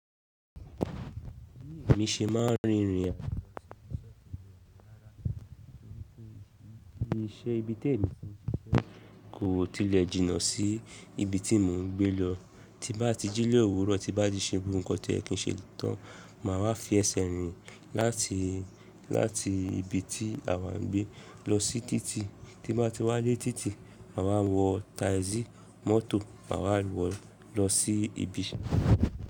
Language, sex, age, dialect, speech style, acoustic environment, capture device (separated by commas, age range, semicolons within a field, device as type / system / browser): Yoruba, male, 25-34, Nigeria - Lagos Yoruba, happy; relaxed; focused, nature; music, mobile / Linux / Chrome